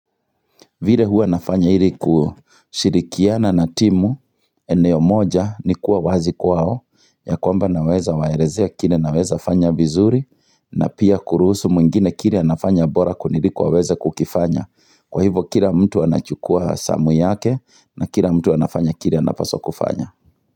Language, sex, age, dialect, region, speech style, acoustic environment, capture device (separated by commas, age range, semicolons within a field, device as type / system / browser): Swahili, male, 35-44, Kenya - Kiambu, Kenya, excited, nature, mobile / Linux / Chrome